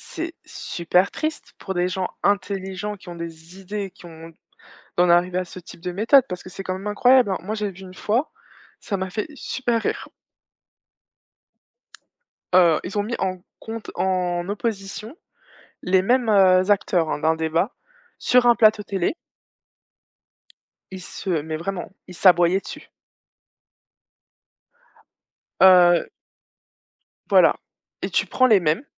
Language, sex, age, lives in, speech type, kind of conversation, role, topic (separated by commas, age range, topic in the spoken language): French, female, 25-29, France, conversation, unstructured, none, Comment la technologie influence-t-elle votre manière de vous informer ?